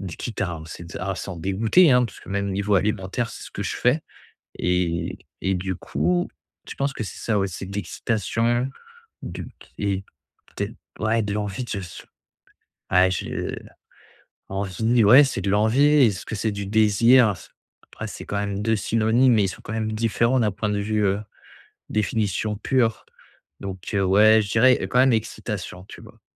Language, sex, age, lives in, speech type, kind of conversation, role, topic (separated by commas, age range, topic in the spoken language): French, male, 25-29, France, conversation, advice, user, Comment savoir si c’est un vrai besoin ou une envie passagère ?